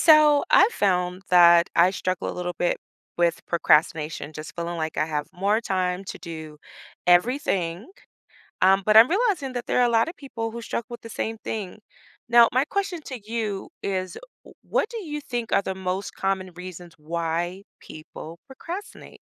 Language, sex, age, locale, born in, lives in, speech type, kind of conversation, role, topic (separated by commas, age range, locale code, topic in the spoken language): English, female, 40-44, en-US, United States, United States, conversation, podcast, host, What are some practical ways to overcome procrastination and stay motivated?